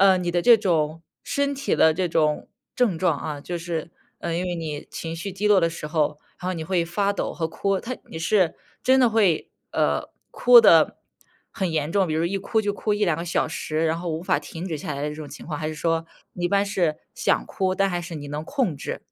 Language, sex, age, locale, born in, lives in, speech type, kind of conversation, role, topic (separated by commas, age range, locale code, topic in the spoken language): Chinese, female, 25-29, zh-CN, China, United States, conversation, advice, advisor, 为什么我会反复出现忧郁情绪并且很难自控？